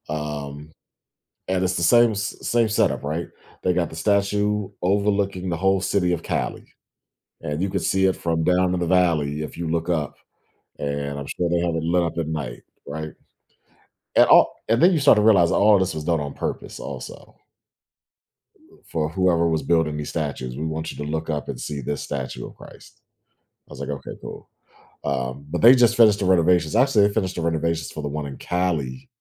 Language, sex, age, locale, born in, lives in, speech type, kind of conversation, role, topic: English, male, 40-44, en-US, United States, United States, conversation, podcast, guest, How have unexpected experiences while traveling changed the way you see the world?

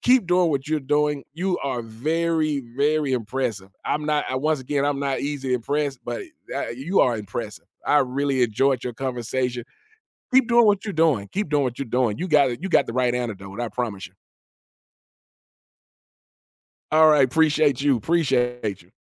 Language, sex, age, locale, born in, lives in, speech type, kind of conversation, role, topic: English, male, 45-49, en-US, United States, United States, conversation, podcast, host, What are some effective ways people build resilience and stay hopeful during difficult periods?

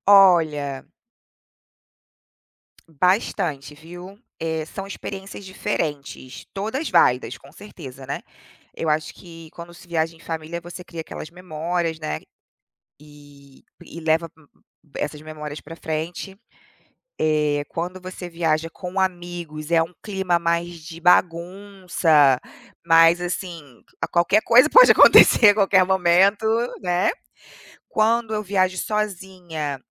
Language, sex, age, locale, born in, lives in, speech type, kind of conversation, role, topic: Portuguese, female, 35-39, pt-BR, Brazil, United States, conversation, unstructured, none, Qual destino te deixou mais feliz?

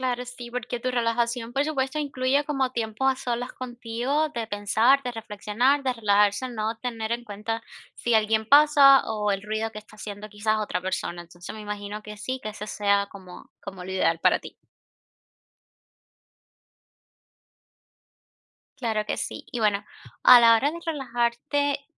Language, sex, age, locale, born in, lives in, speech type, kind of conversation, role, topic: Spanish, female, 25-29, es-CU, Cuba, United States, conversation, advice, advisor, ¿Cómo puedo relajarme en casa a pesar de las distracciones constantes?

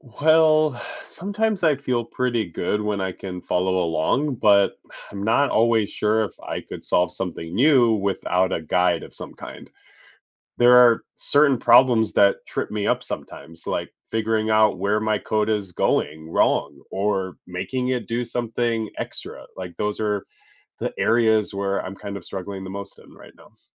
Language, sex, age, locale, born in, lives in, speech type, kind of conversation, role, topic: English, male, 30-34, en-US, United States, United States, read, expert-assistant, asker, How does learning coding skills prepare students for the future?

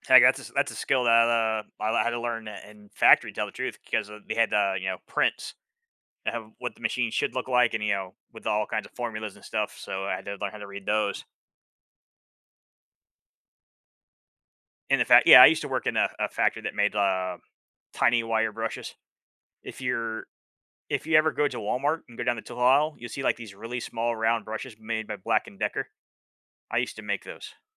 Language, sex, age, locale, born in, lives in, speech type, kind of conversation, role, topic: English, male, 40-44, en-US, United States, United States, conversation, unstructured, none, How have your hobbies influenced your personal growth or abilities?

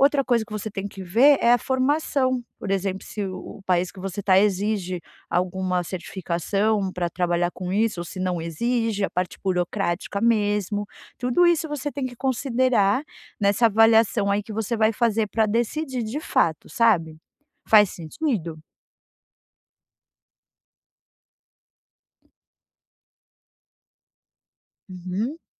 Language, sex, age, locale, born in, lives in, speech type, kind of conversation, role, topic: Portuguese, female, 40-44, pt-BR, Brazil, United States, conversation, advice, advisor, Como posso começar a explorar novas opções profissionais ao pensar em mudar de carreira?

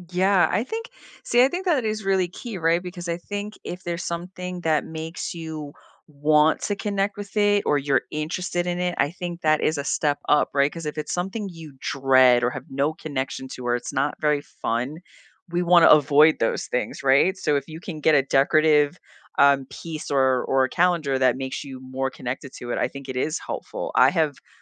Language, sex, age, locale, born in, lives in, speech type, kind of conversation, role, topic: English, female, 40-44, en-US, United States, United States, conversation, unstructured, none, How do you recharge after a busy day or week?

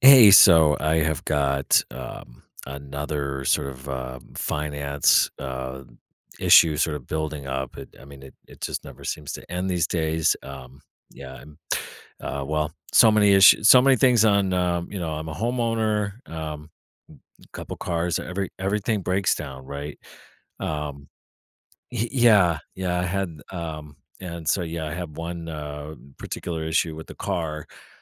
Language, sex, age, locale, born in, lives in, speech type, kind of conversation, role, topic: English, male, 45-49, en-US, United States, United States, conversation, advice, user, How can I handle an unexpected financial expense without derailing my budget?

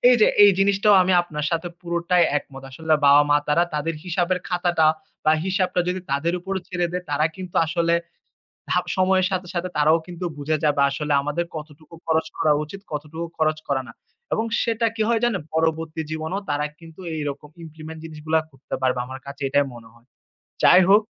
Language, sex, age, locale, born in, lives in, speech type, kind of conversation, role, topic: Bengali, male, 25-29, bn-BD, Bangladesh, Finland, conversation, unstructured, none, আর্থিক স্বাধীনতা অর্জনের জন্য প্রথম পদক্ষেপ কী হতে পারে?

